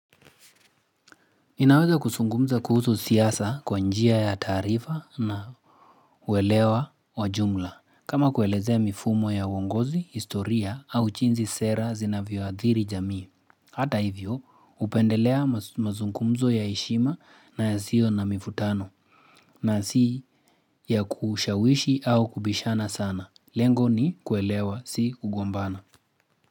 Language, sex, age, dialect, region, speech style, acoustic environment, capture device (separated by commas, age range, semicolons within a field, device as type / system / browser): Swahili, male, 25-34, Kenya - Nairobi Sheng-influenced, Kenya, focused, nature, mobile / Linux / Chrome